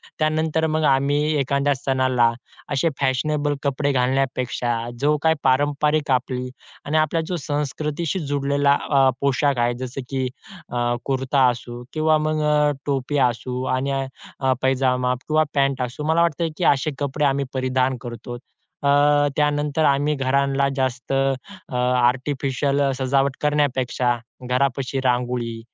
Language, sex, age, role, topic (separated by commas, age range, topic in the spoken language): Marathi, male, 20-24, guest, तुम्ही तुमच्या मुलांना त्यांच्या मूळ संस्कृतीशी जोडून ठेवण्यासाठी काय करता?